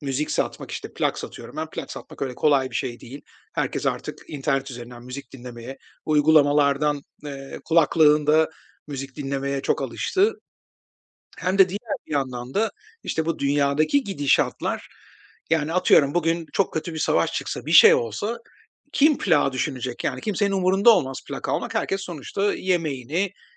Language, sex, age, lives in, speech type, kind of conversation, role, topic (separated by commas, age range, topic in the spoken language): Turkish, male, 45-49, Spain, conversation, advice, user, Kariyerimdeki belirsizlikle başa çıkarken nasıl dayanıklı kalabilir ve gerektiğinde yeni bir yöne nasıl geçiş yapabilirim?